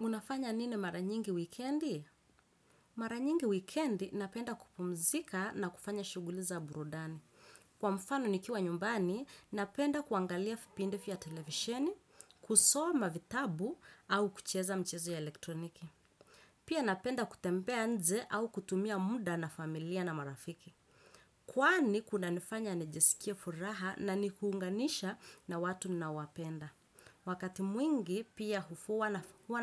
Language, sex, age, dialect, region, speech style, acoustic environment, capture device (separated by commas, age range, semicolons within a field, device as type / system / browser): Swahili, female, 25-34, Kenya - Mombasa, Kenya, focused, nature, desktop / Windows / Chrome